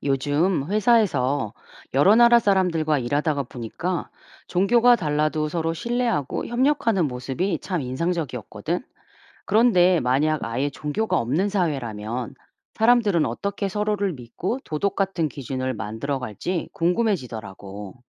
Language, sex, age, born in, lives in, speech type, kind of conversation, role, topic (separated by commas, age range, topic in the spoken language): Korean, female, 45-49, South Korea, United States, read, expert-assistant, asker, 종교가 없는 사회에서도 신뢰와 도덕 기준이 어떻게 형성될까요?